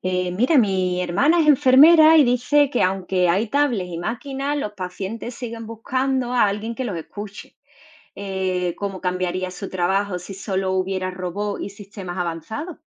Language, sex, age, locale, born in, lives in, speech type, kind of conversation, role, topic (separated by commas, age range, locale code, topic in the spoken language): Spanish, female, 40-44, es-ES, Spain, Spain, read, expert-assistant, asker, ¿Cómo sería el trabajo de las enfermeras si todos los hospitales funcionaran únicamente con tecnología avanzada y robots?